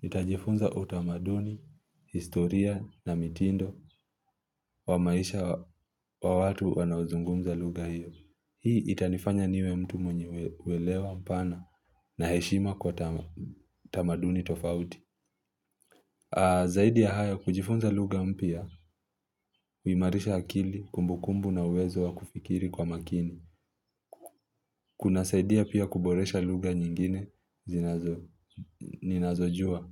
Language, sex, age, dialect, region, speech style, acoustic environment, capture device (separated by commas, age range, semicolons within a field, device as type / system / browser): Swahili, male, 25-34, Kenya - Mombasa, Kenya, relaxed; focused, silence; nature, mobile / Linux / Chrome